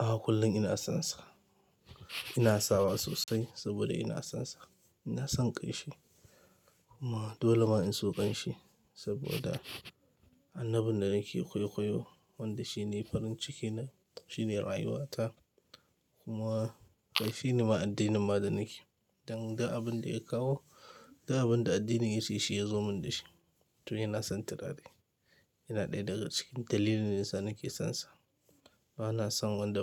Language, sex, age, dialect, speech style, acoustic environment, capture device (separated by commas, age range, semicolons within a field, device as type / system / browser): Hausa, male, 25-34, Nigeria - Kano, happy, people talking, mobile / Linux / Chrome